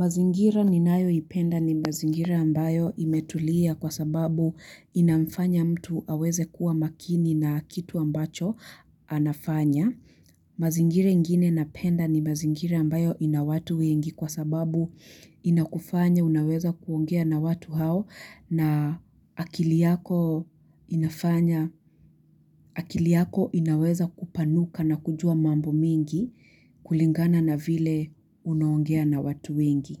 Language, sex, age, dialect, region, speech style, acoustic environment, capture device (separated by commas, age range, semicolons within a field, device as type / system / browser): Swahili, female, 18-24, Kenya - Nairobi Sheng-influenced, Kenya, happy; anxious; focused; relaxed, nature; construction, mobile / Linux / Chrome